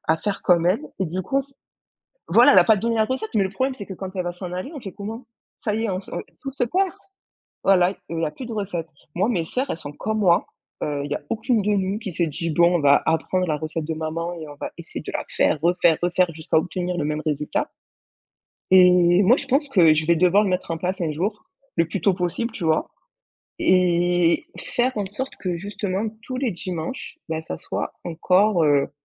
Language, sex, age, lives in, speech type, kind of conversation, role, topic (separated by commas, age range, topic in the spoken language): French, female, 25-29, France, conversation, podcast, guest, As-tu une tradition culinaire dans ta famille ?